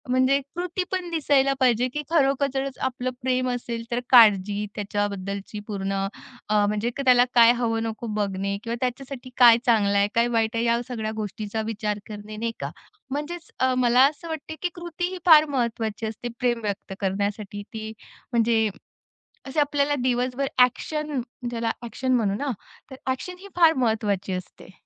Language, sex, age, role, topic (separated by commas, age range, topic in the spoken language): Marathi, female, 35-39, guest, दैनंदिन आयुष्यात तुम्ही प्रेम कसे व्यक्त करता?